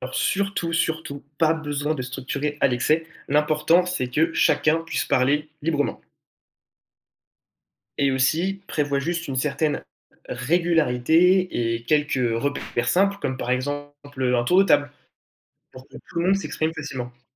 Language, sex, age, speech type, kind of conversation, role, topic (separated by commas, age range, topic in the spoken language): French, male, 18-19, read, expert-assistant, expert, Créer ou reprendre une entreprise : quelles sont les vraies différences ?